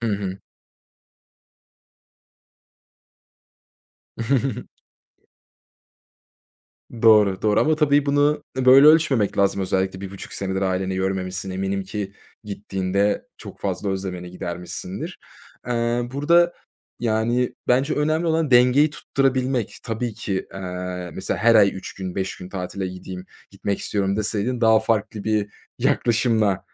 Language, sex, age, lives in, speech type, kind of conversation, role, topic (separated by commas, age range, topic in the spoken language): Turkish, male, 25-29, Germany, conversation, advice, advisor, Mola vermek istiyorum ama işler aksar diye korkuyorum; ne yapmalıyım?